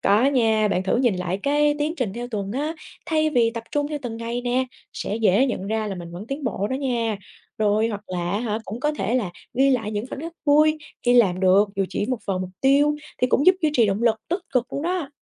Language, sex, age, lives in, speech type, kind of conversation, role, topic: Vietnamese, female, 25-29, Vietnam, read, expert-assistant, expert, Có cách nào để vừa tận hưởng các hình thức giải trí hiện đại (như mạng xã hội, Netflix) vừa duy trì sự cân bằng với các hoạt động khác trong cuộc sống không?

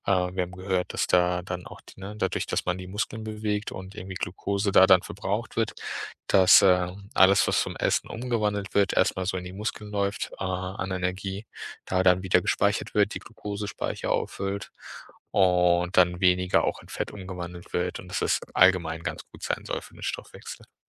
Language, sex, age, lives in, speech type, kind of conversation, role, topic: German, male, 30-34, Germany, conversation, podcast, guest, Welche kleinen Bewegungsgewohnheiten helfen dir, fit zu bleiben?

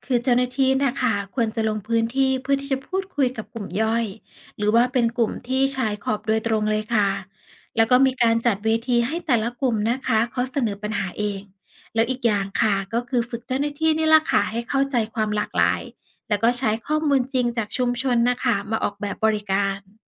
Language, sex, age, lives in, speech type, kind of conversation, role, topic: Thai, female, 35-39, Thailand, read, expert-assistant, expert, เราจะจัดการระบบงานราชการให้ประชาชนเข้าถึงบริการได้ง่ายขึ้นได้อย่างไร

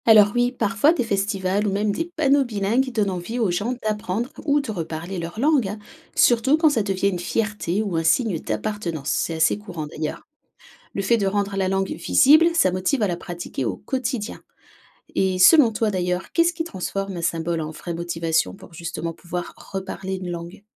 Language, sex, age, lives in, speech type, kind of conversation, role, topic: French, female, 30-34, France, read, expert-assistant, expert, Quand on parle de préserver une langue, de quoi parle-t-on exactement : préserver la grammaire, le vocabulaire, ou l'usage quotidien ?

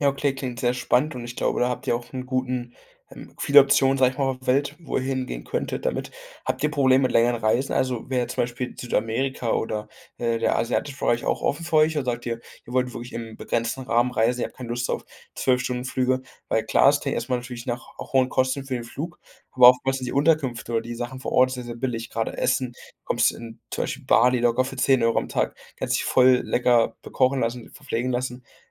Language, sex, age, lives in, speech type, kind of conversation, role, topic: German, male, 18-19, Germany, conversation, advice, advisor, Warum fällt mir das Planen von Reisen so schwer?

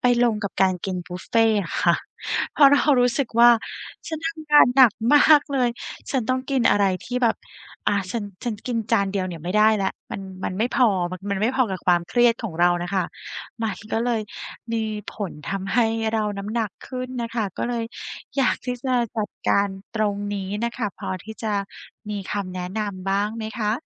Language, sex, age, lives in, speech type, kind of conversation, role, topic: Thai, female, 35-39, Thailand, conversation, advice, user, ฉันจะจัดการความอยากกินเมื่อเครียดได้อย่างไร?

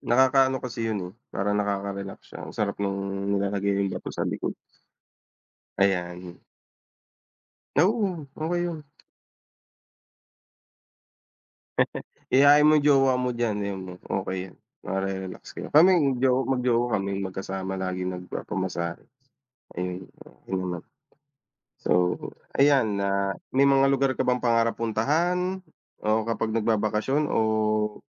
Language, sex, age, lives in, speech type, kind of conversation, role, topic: Filipino, male, 30-34, Philippines, conversation, unstructured, none, Ano ang mga bagay na gustong-gusto mong gawin kapag nagbabakasyon?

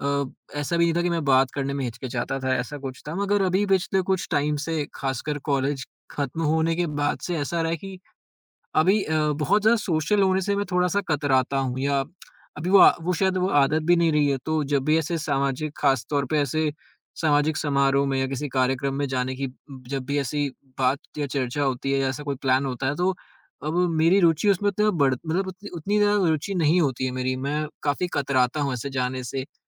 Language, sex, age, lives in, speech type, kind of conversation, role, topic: Hindi, male, 20-24, India, conversation, advice, user, सामाजिक कार्यक्रमों में बात करने का डर और भीड़ में घबराहट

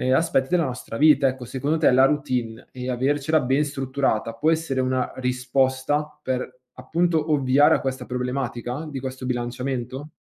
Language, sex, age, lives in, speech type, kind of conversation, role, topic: Italian, male, 25-29, Italy, conversation, podcast, host, Come bilanci la felicità immediata e i progetti a lungo termine?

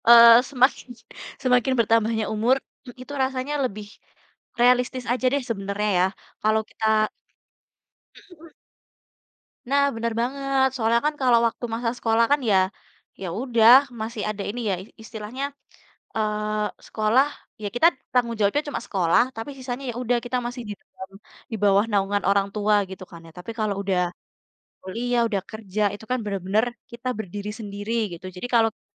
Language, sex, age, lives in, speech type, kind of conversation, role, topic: Indonesian, female, 20-24, Indonesia, conversation, unstructured, none, Apa alasan kamu menunda pekerjaan padahal kamu tahu itu penting?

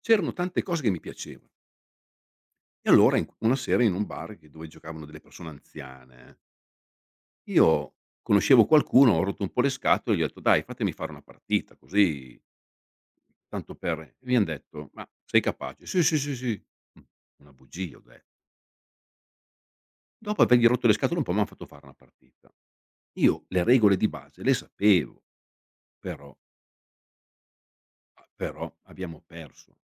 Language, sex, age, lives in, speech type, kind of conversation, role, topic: Italian, male, 55-59, Italy, conversation, podcast, guest, Com’è stato il tuo primo tentativo fallito e cosa hai imparato?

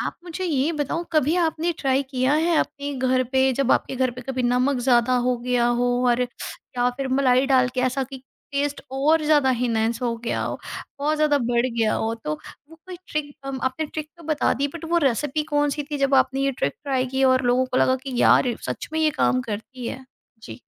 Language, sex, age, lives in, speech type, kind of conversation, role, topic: Hindi, female, 35-39, India, conversation, podcast, host, Kaunsi chhoti si trick se khane ka taste turant sudhar jaata hai?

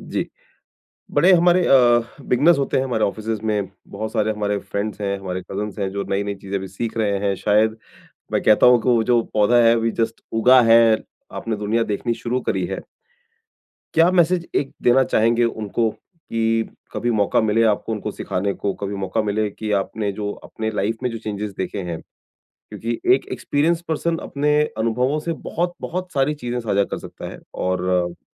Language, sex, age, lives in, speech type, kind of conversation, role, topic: Hindi, male, 40-44, India, conversation, podcast, host, Apni voice aur apne andaaz par kaise kaam karte ho?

